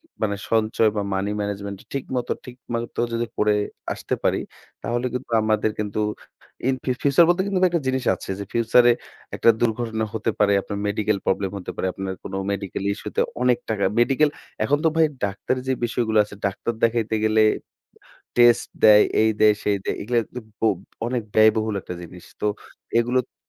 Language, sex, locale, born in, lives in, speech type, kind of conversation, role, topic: Bengali, female, bn-BD, Bangladesh, Bangladesh, conversation, unstructured, none, টাকা নিয়ে মানসিক চাপ কমানোর উপায় কি আপনার জানা আছে?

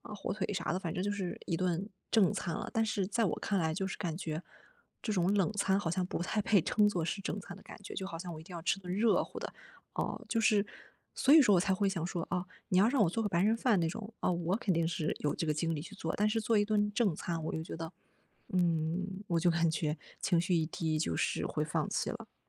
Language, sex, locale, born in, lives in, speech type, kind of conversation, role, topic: Chinese, female, zh-CN, China, Germany, conversation, advice, user, 我怎样通过按时吃正餐来稳定情绪？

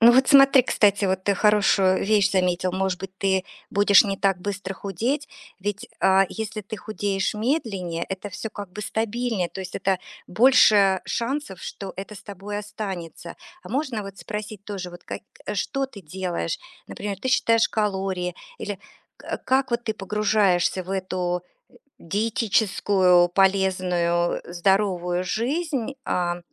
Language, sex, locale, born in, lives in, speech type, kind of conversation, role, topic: Russian, female, ru-RU, Russia, United States, conversation, advice, advisor, Как мне вернуться к полезной привычке после срыва?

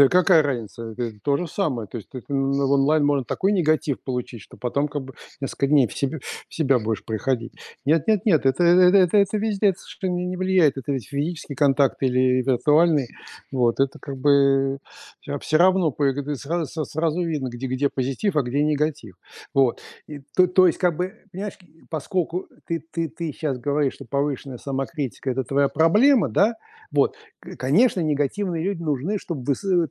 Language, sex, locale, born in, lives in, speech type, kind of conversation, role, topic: Russian, male, ru-RU, Russia, United States, conversation, advice, advisor, Почему я постоянно корю и критикую себя?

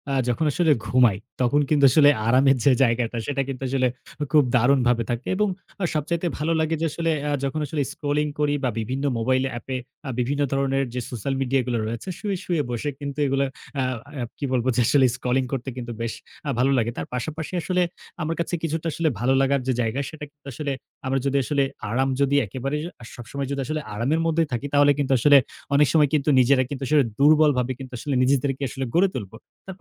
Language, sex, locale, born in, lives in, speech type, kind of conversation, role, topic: Bengali, male, bn-BD, Bangladesh, Bangladesh, conversation, podcast, guest, আরাম নাকি স্টাইল—আপনি কোনটিকে বেশি গুরুত্ব দেন?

